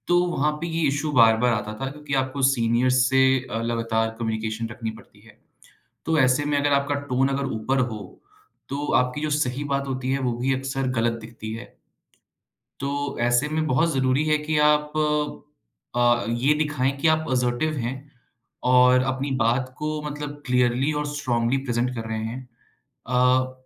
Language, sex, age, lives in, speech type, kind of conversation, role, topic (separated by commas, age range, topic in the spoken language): Hindi, male, 25-29, India, conversation, podcast, guest, Kaise samjhein ki aap zyada asar-daar tareeke se baat kar rahe hain ya phir zyada teekhe ho rahe hain?